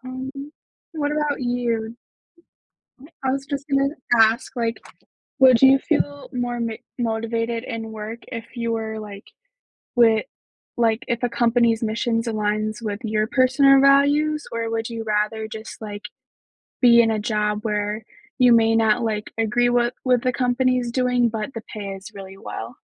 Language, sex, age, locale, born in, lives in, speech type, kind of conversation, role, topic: English, female, 18-19, en-US, United States, United States, conversation, unstructured, none, Would you rather work for a company with a strong mission but low pay, or for one that is profitable but lacks purpose?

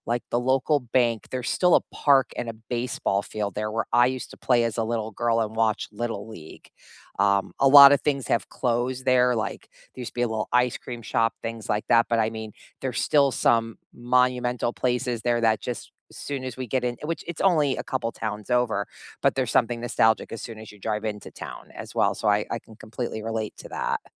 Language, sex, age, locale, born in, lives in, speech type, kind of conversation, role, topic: English, female, 50-54, en-US, United States, United States, conversation, unstructured, none, Where do you feel most at home, and why?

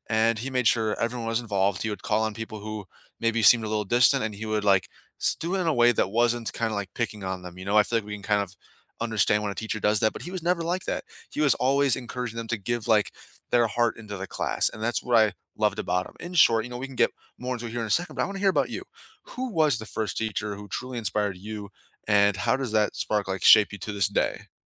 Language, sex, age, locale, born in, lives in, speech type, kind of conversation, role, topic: English, male, 20-24, en-US, United States, United States, conversation, unstructured, none, Who was the first teacher who truly inspired you, and how did that spark shape you?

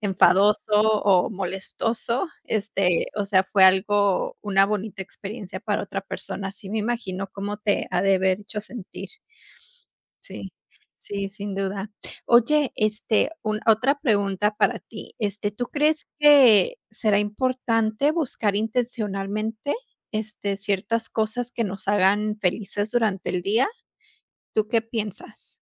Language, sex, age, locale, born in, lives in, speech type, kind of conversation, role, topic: Spanish, female, 30-34, es-US, United States, United States, conversation, unstructured, none, ¿Qué es lo que te hace sonreír en un día normal?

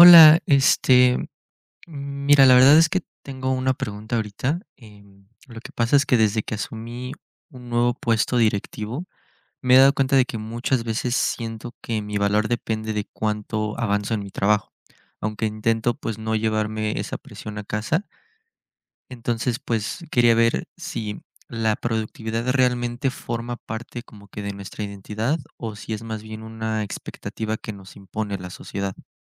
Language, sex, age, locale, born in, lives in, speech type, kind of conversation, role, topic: Spanish, male, 20-24, es-MX, Mexico, Mexico, read, expert-assistant, asker, ¿Cómo equilibrar la productividad y el bienestar personal en el trabajo?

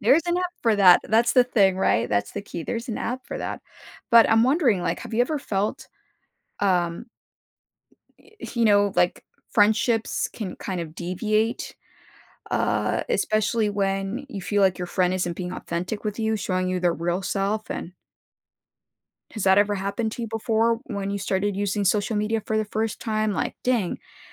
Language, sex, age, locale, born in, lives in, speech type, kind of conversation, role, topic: English, female, 30-34, en-US, United States, United States, conversation, podcast, host, How does social media change the way we make friends?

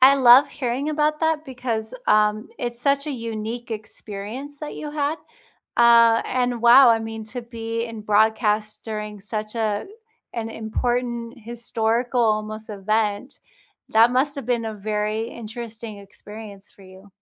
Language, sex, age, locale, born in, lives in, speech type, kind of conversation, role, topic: English, female, 35-39, en-US, United States, United States, conversation, unstructured, none, What do you think makes a friendship last over the years?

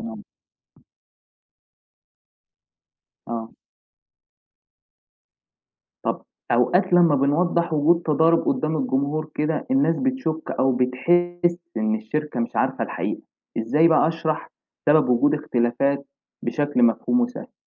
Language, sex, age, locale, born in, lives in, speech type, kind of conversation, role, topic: Arabic, male, 20-24, ar-EG, Egypt, Egypt, read, expert-assistant, asker, إزاي أقدر أفرّق بين المصادر الموثوقة وغير الموثوقة لما أبحث عن معلومات عن العلاقات العامة؟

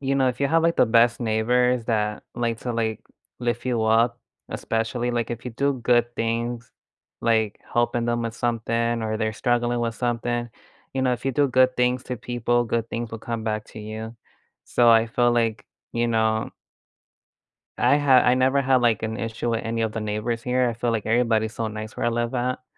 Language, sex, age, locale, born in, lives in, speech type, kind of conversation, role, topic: English, male, 25-29, en-US, United States, United States, conversation, unstructured, none, How have connections in your local community affected your mental health, and what can you do this week to strengthen them?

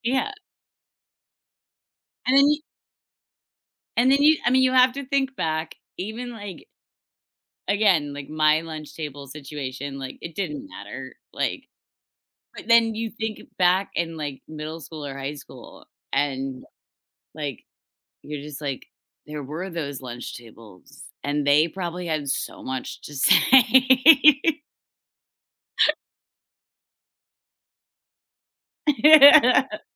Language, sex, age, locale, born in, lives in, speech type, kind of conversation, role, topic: English, female, 30-34, en-US, United States, United States, conversation, unstructured, none, Would you rather be able to control the weather or control time?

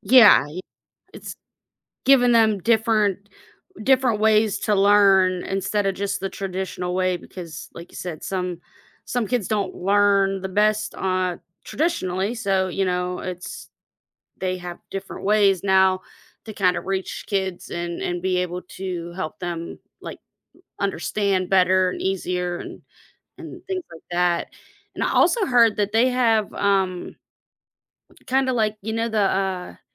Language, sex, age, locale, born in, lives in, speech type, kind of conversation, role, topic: English, female, 35-39, en-US, United States, United States, conversation, podcast, host, In what ways has technology reshaped the way we learn and teach?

